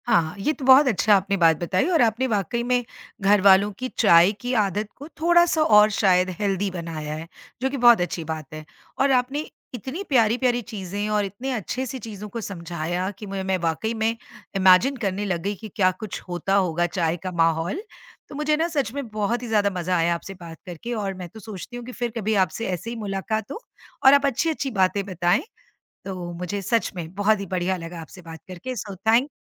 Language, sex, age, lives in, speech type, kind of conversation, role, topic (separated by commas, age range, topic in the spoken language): Hindi, female, 50-54, India, conversation, podcast, host, Subah tumhare ghar mein chai ya coffee ka ritual kaisa hota hai?